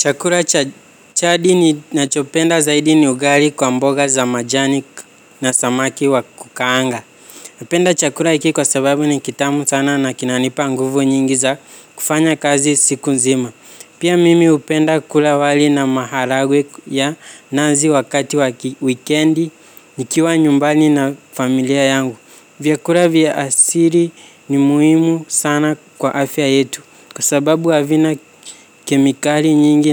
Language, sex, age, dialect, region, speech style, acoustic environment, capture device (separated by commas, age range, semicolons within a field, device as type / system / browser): Swahili, male, 18-24, Kenya - Nairobi Sheng-influenced, Kenya, excited; focused, nature; silence, mobile / Linux / Chrome